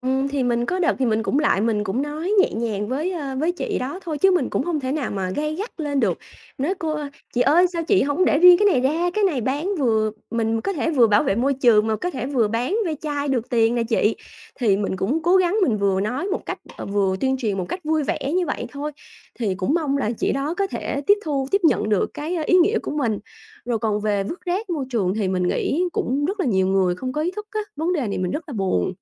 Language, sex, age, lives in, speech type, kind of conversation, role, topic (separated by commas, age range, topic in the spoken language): Vietnamese, female, 30-34, Vietnam, conversation, podcast, guest, Bạn làm gì để bảo vệ môi trường xung quanh mình?